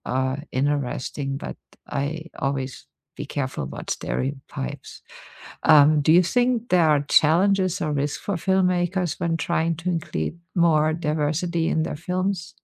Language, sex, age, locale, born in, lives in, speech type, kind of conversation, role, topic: English, female, 50-54, en-DE, Germany, United States, conversation, unstructured, none, Should movies include more diverse characters and stories?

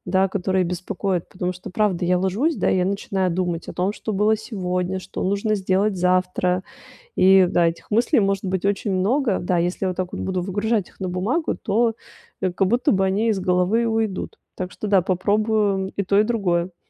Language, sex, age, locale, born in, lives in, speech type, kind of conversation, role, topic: Russian, female, 35-39, ru-RU, Russia, Italy, conversation, advice, user, Как мне расслабиться и легче засыпать по вечерам?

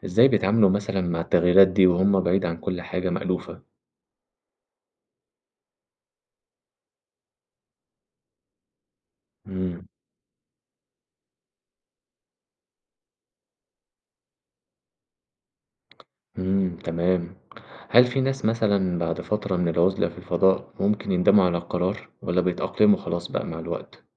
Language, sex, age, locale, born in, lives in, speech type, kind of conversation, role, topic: Arabic, male, 20-24, ar-EG, Egypt, Egypt, read, expert-assistant, asker, لو جالك فرصة تسافر في رحلة فضاء للمريخ ومش هترجع تاني للأرض، هتوافق؟ وإيه اللي ممكن يخليك تاخد القرار ده؟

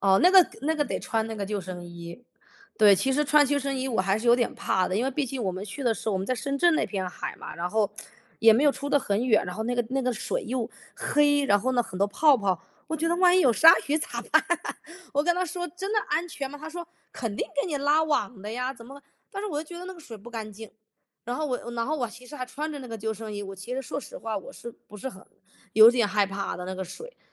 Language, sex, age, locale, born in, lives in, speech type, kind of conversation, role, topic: Chinese, male, 35-39, zh-CN, United States, United States, conversation, unstructured, none, 你最喜欢什么运动？为什么？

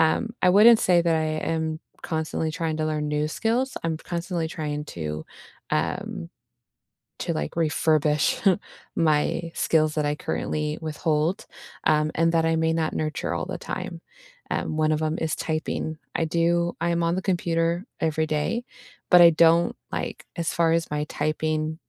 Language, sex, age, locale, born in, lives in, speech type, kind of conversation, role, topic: English, female, 30-34, en-US, United States, United States, conversation, unstructured, none, How do you stay curious and keep learning outside of work?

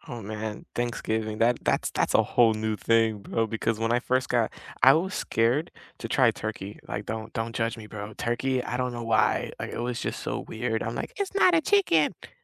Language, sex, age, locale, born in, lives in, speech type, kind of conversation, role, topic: English, male, 20-24, en-US, United States, United States, conversation, unstructured, none, What comfort meal do you love, and what memory is attached to it?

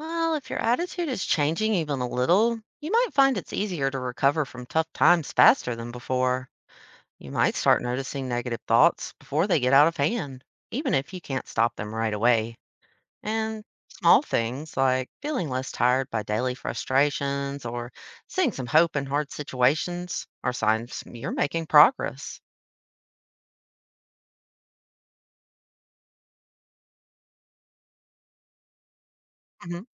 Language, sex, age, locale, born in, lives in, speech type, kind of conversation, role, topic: English, female, 30-34, en-US, United States, United States, read, expert-assistant, expert, How does having a positive attitude influence your life?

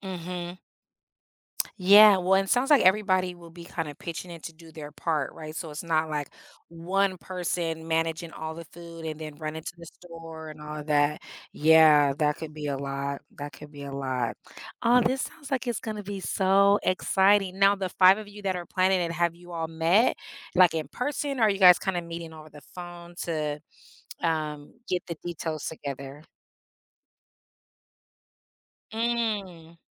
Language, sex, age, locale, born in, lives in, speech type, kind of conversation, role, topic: English, female, 40-44, en-US, United States, United States, conversation, advice, advisor, How can I plan a surprise party for my close friend?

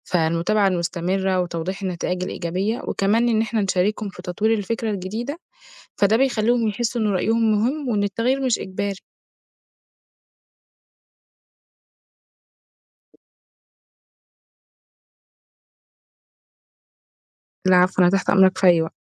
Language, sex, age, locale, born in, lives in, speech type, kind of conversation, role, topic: Arabic, female, 20-24, ar-EG, Egypt, Egypt, read, expert-assistant, expert, إزاي ممكن ننظم الجهود بين مجموعات مختلفة في حركة اجتماعية عشان نوصل لهدف واحد؟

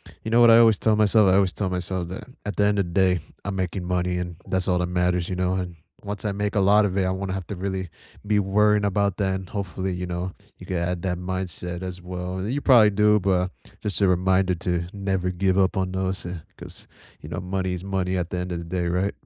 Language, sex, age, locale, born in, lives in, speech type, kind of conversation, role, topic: English, male, 20-24, en-US, United States, United States, conversation, unstructured, none, What small daily habit makes your day feel easier, and how did it become meaningful to you?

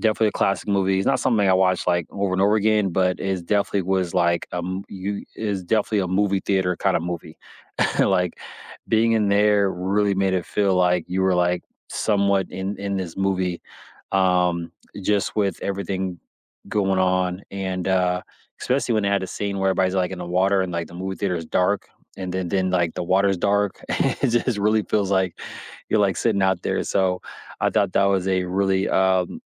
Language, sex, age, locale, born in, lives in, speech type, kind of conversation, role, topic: English, male, 35-39, en-US, United States, United States, conversation, unstructured, none, What was the first movie that made you fall in love with going to the theater, and how does the theater experience compare with watching at home?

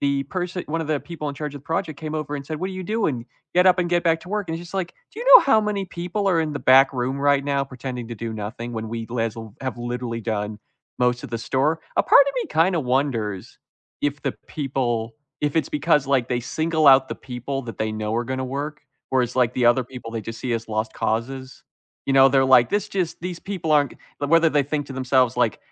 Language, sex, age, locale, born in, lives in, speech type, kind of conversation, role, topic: English, male, 20-24, en-US, United States, United States, conversation, unstructured, none, What is the biggest frustration you have had in a job?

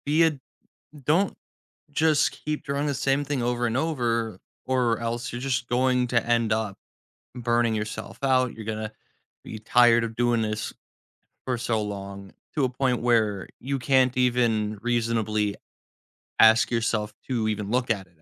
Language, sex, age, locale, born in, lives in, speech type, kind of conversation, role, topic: English, male, 20-24, en-US, United States, United States, conversation, podcast, guest, Why is it important to set small goals when working toward bigger ambitions?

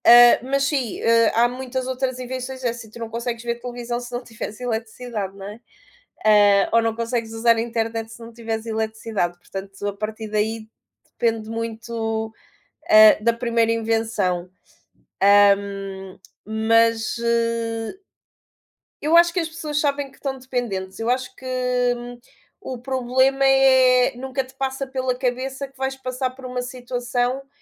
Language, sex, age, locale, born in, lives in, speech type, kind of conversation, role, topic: Portuguese, female, 35-39, pt-PT, Portugal, Portugal, conversation, unstructured, none, O que você acha que foi a maior invenção da humanidade?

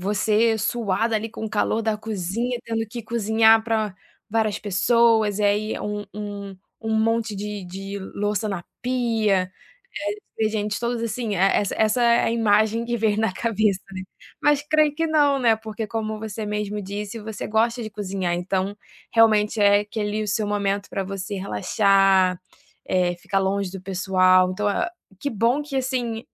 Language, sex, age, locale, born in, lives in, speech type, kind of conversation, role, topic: Portuguese, female, 25-29, pt-BR, Brazil, France, conversation, podcast, host, Qual é a sua estratégia para cozinhar para muita gente?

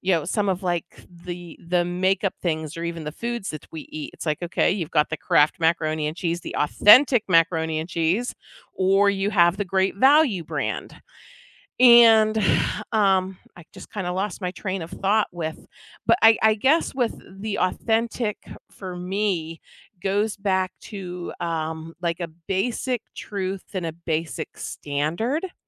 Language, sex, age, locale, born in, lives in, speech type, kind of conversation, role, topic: English, female, 45-49, en-US, United States, United States, conversation, podcast, guest, Why is it sometimes difficult to be true to yourself in modern society?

